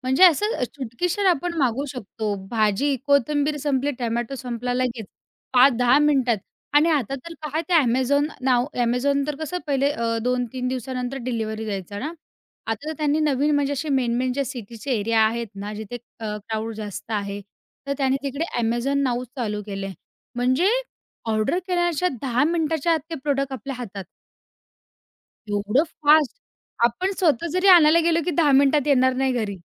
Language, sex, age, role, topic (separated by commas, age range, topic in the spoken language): Marathi, female, 20-24, guest, ऑनलाइन खरेदीमुळे तुमच्या खरेदीच्या सवयी कशा बदलल्या?